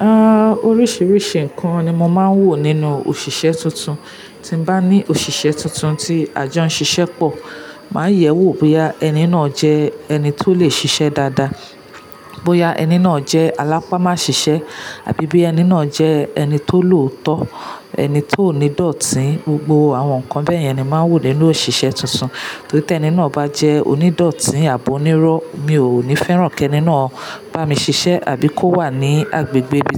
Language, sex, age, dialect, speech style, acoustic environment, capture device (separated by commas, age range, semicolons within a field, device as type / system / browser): Yoruba, female, 35-44, Nigeria - Lagos Yoruba, relaxed, people talking, mobile / Linux / Chrome